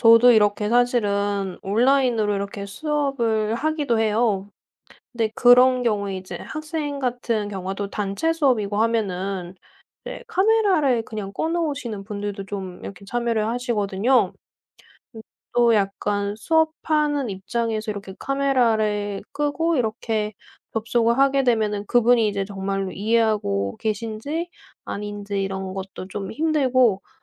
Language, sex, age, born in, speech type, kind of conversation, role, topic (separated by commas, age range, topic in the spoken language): Korean, female, 30-34, South Korea, conversation, podcast, guest, 온라인 모임과 오프라인 모임 중 어느 쪽이 더 따뜻하게 느껴지나요?